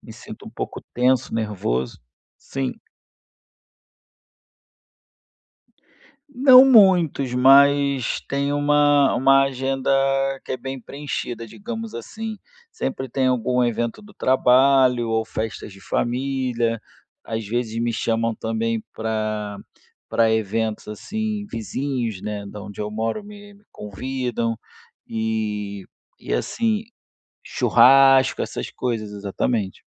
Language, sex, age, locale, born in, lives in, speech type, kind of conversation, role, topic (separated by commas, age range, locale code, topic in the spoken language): Portuguese, male, 35-39, pt-BR, Brazil, Spain, conversation, advice, user, Como posso lidar com a sensação de me sentir deslocado em festas de amigos?